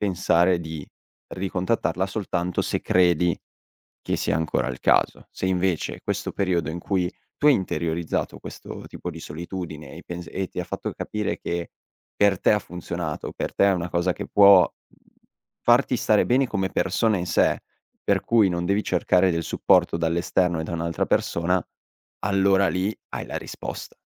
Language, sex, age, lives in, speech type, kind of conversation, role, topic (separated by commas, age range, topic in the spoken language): Italian, male, 18-19, Italy, conversation, advice, advisor, Come posso capire se ha senso tornare insieme nonostante dubbi profondi?